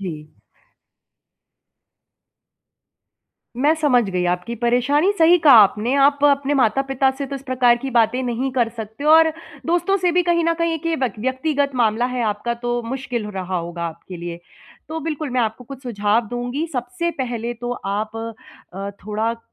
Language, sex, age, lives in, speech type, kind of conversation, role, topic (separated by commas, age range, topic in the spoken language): Hindi, female, 30-34, India, conversation, advice, advisor, खोई हुई उम्मीदों के शोक को मैं कैसे सँभालूँ और आगे कैसे बढ़ूँ?